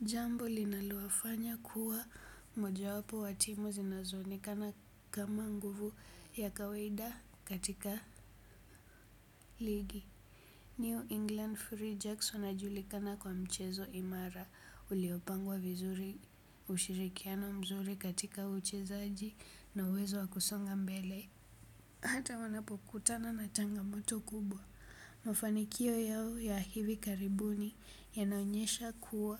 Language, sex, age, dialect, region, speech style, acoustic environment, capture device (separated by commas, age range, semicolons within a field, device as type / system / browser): Swahili, female, 18-24, Kenya - Nairobi Sheng-influenced, Kenya, relaxed, silence, desktop / Windows / Chrome